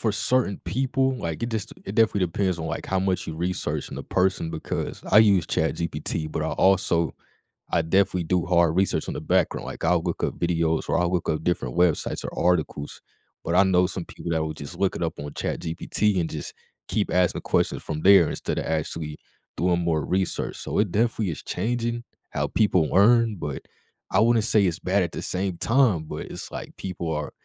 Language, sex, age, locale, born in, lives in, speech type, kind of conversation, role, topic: English, male, 20-24, en-US, United States, United States, conversation, podcast, guest, How is technology changing education?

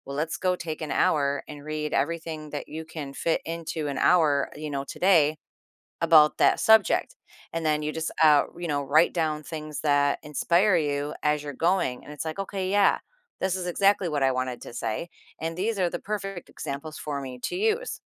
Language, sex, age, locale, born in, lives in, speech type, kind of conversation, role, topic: English, female, 50-54, en-US, United States, United States, conversation, podcast, guest, What strategies help you regain inspiration when your creativity feels stuck?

